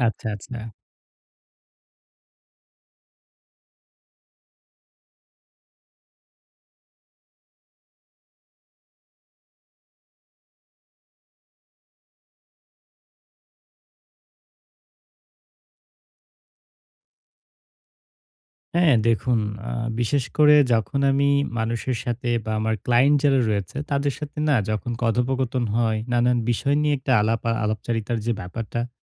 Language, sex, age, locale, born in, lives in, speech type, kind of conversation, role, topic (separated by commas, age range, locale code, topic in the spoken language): Bengali, male, 18-19, bn-BD, Bangladesh, Bangladesh, conversation, advice, user, কাজের মাঝে ঘন ঘন মাথায় আসা আইডিয়াগুলো কীভাবে দ্রুত লিখে রাখতে পারি?